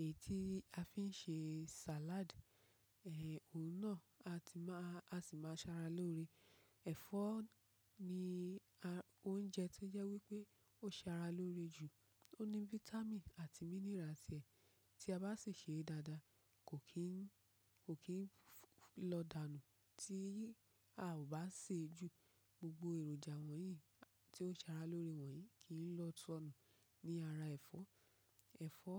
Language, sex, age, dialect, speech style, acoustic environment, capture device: Yoruba, female, 25-34, Nigeria - Ibadan, focused; relaxed, nature, mobile / macOS / Safari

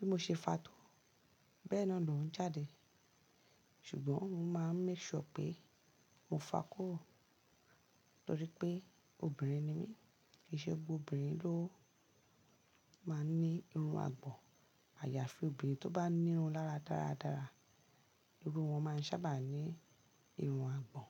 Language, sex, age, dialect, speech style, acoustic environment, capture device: Yoruba, female, 35-44, Nigeria - Lagos Yoruba, relaxed, silence; appliances, mobile / Linux / Chrome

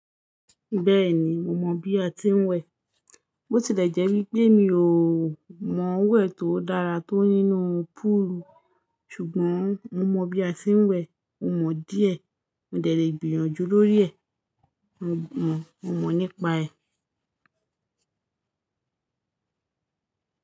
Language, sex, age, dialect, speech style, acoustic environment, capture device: Yoruba, female, 25-34, Nigeria - Lagos Yoruba, focused, nature, mobile / Linux / Chrome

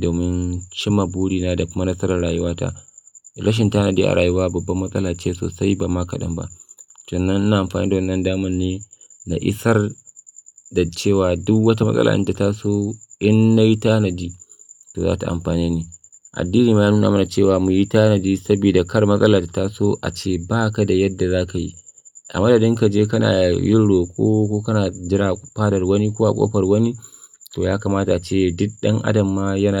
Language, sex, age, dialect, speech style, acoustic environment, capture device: Hausa, male, 25-34, Nigeria - Kano, focused, silence, mobile / Linux / Chrome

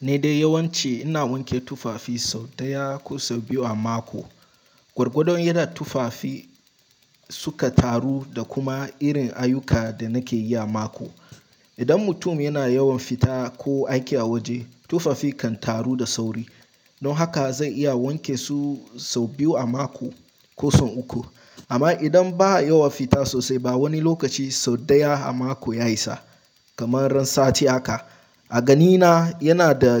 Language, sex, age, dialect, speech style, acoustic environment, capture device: Hausa, male, 18-24, Nigeria - Nigerian Standard, relaxed, silence, mobile / Linux / Chrome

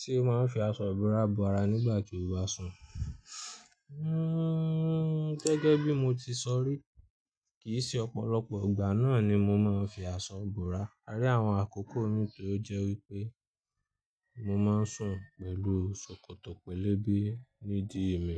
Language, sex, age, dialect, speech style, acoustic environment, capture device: Yoruba, male, 25-34, Nigeria - Ibadan, happy; anxious; nervous; excited, people talking; music; traffic; construction, mobile / Linux / Chrome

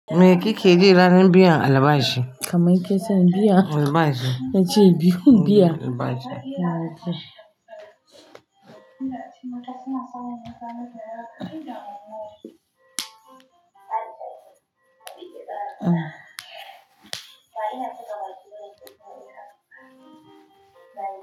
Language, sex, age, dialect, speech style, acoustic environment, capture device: Hausa, female, 45-54, Nigeria - Kano, happy; relaxed; excited; focused, nature, mobile / Linux / Chrome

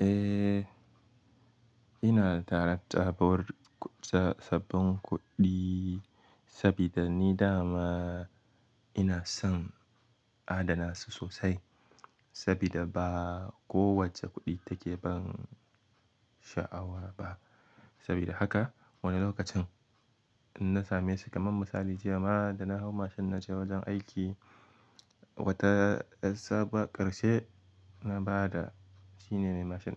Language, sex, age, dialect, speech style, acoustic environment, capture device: Hausa, male, 18-24, Nigeria - Kano, excited; focused; happy, silence, mobile / Linux / Chrome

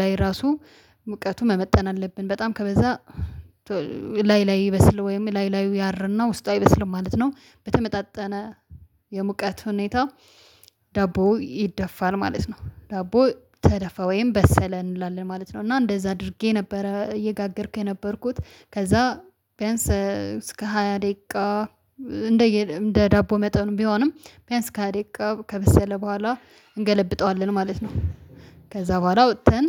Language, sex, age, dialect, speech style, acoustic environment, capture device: Amharic, female, 25-34, Ethiopia - Addis Ababa, happy, silence, mobile / Linux / Chrome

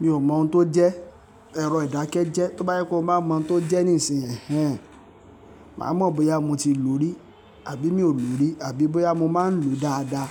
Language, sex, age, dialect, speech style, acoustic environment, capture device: Yoruba, male, 25-34, Nigeria - Lagos Yoruba, happy, silence, mobile / Linux / Chrome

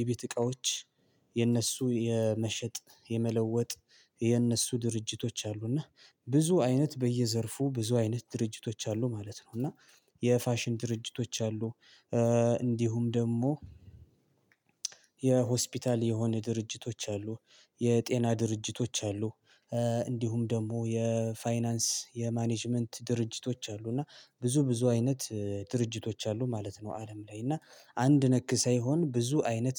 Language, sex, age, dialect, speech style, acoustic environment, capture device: Amharic, male, 25-34, Ethiopia - Gondar, focused, appliances, mobile / Linux / Chrome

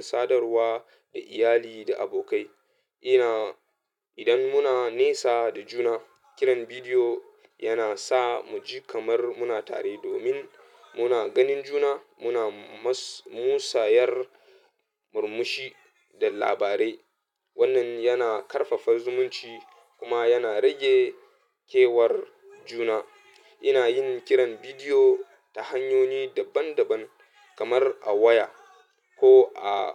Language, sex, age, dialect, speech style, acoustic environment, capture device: Hausa, male, 25-34, Nigeria - Katsina, happy; nervous; anxious, people talking; music; traffic, mobile / Linux / Chrome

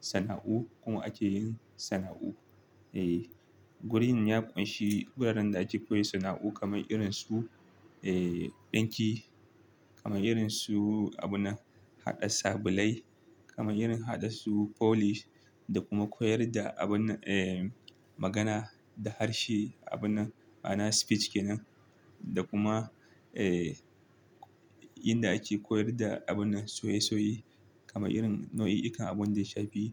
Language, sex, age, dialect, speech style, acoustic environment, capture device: Hausa, male, 25-34, Nigeria - Kano, focused, silence, desktop / Linux / Chrome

